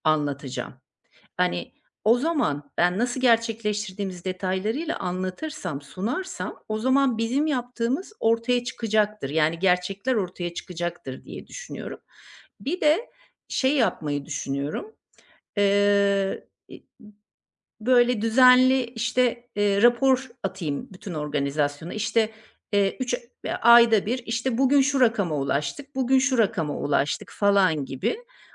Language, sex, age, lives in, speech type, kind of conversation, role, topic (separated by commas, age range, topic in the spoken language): Turkish, female, 50-54, Portugal, conversation, advice, user, İş yerindeki başarılarımı nasıl etkili bir şekilde paylaşabilir ve belgeleyebilirim?